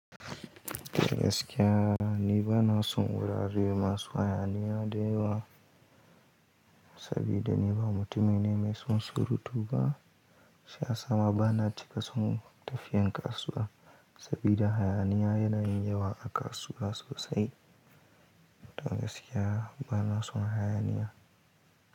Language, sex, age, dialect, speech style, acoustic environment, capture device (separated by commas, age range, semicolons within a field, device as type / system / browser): Hausa, male, 18-24, Nigeria - Kano, happy; anxious, nature; silence, mobile / Linux / Chrome